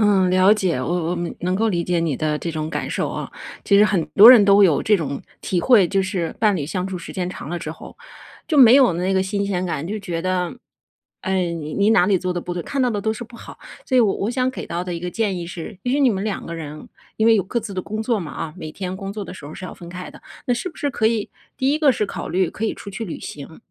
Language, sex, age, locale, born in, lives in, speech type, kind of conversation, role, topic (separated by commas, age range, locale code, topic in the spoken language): Chinese, female, 40-44, zh-CN, China, United States, conversation, advice, advisor, 伴侣对你性格或习惯的负面评价是如何伤害到你的？